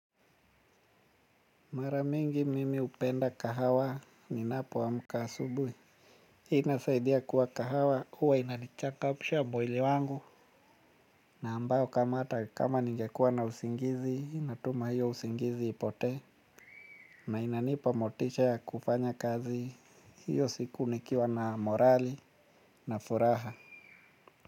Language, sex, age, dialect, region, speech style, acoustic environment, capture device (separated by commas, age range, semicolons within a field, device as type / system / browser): Swahili, male, 35-44, Kenya - Mombasa, Kenya, anxious, nature, mobile / Linux / Chrome